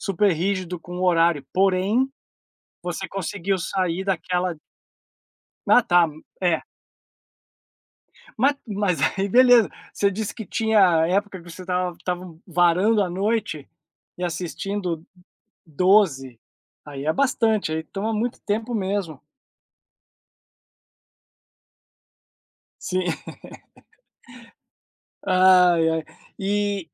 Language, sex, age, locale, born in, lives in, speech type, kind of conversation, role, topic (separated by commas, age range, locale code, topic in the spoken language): Portuguese, male, 40-44, pt-BR, Brazil, United States, conversation, podcast, host, Quais benefícios para o seu bem-estar você percebe com isso?